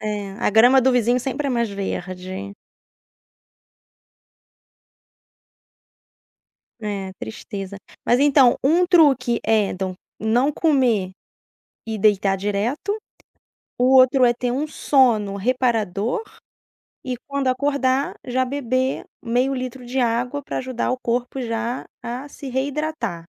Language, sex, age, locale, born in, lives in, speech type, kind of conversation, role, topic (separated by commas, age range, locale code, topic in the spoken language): Portuguese, female, 35-39, pt-BR, Brazil, France, conversation, podcast, host, Que estratégias você usa para ser mais produtivo sem trabalhar mais horas?